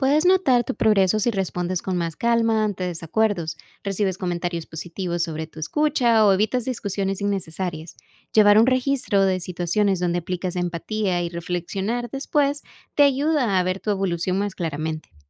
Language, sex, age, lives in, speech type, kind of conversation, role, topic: Spanish, female, 25-29, United States, read, expert-assistant, expert, ¿De qué manera el entrenamiento de animales me ayuda a ser más paciente y resiliente en otras áreas de mi vida?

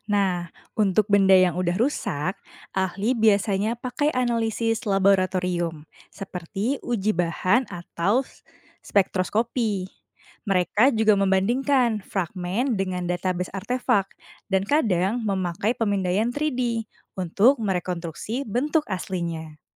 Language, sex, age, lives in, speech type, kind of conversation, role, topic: Indonesian, female, 30-34, Indonesia, read, expert-assistant, expert, Bagaimana cara mengidentifikasi dan menelusuri asal-usul benda kuno di museum?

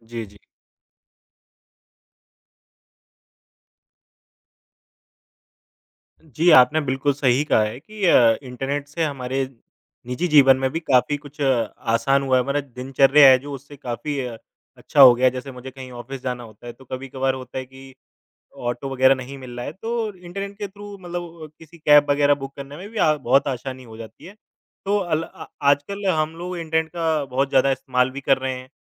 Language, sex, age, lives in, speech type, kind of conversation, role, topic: Hindi, male, 25-29, India, conversation, unstructured, none, क्या आपको लगता है कि आज इंटरनेट के बिना जीवन कठिन हो जाएगा?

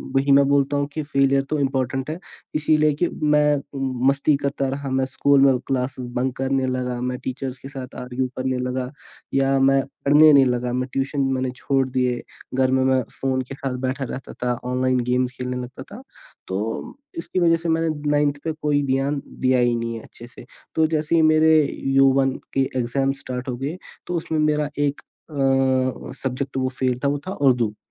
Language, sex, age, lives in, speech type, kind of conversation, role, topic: Hindi, male, 18-19, India, conversation, podcast, guest, Failure se tumhare liye sabse badi practical seekh kya nikli?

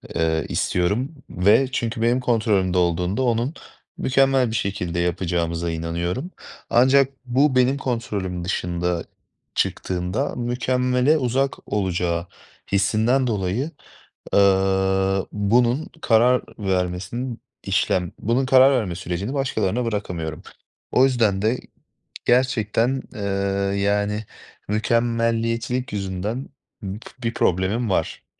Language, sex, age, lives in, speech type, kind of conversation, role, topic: Turkish, male, 25-29, Poland, conversation, advice, user, Mükemmeliyetçilik yüzünden seçim yaparken neden karar veremiyorum?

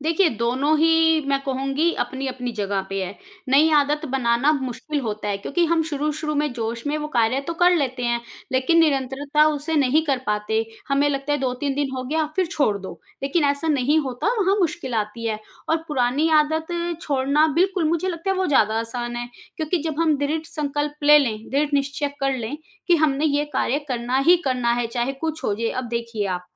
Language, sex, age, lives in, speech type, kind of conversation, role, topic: Hindi, female, 35-39, India, conversation, podcast, guest, किस आदत ने आपकी जिंदगी बदल दी?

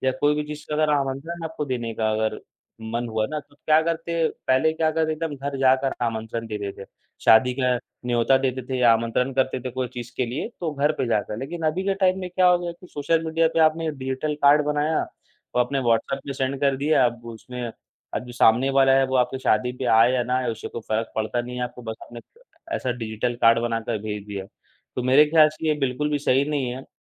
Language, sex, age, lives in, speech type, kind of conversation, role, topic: Hindi, male, 18-19, India, conversation, unstructured, none, क्या आपको लगता है कि सामाजिक माध्यमों ने हमारे रिश्तों को कैसे बदला है?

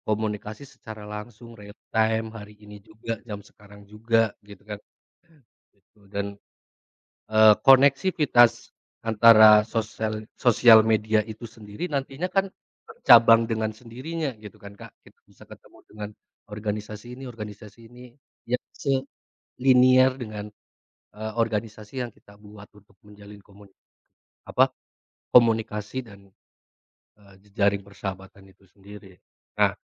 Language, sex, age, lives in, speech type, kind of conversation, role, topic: Indonesian, male, 30-34, Indonesia, conversation, unstructured, none, Apa perubahan positif yang kamu rasakan berkat teknologi terbaru?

